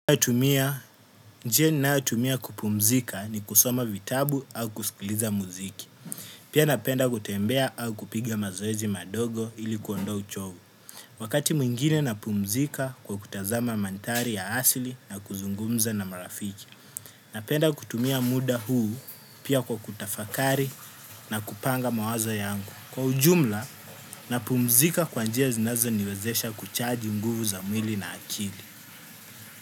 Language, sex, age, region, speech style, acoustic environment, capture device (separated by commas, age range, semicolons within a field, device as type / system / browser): Swahili, male, 18-24, Kenya, happy; relaxed, nature, desktop / Windows / Chrome